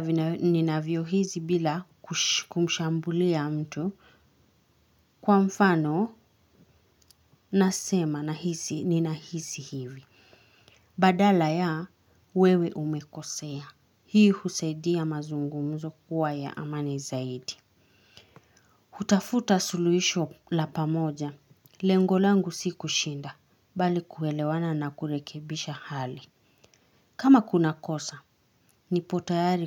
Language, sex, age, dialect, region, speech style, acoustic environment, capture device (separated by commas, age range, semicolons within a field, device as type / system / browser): Swahili, female, 25-34, Kenya - Nairobi Sheng-influenced, Kenya, happy, nature, mobile / Linux / Chrome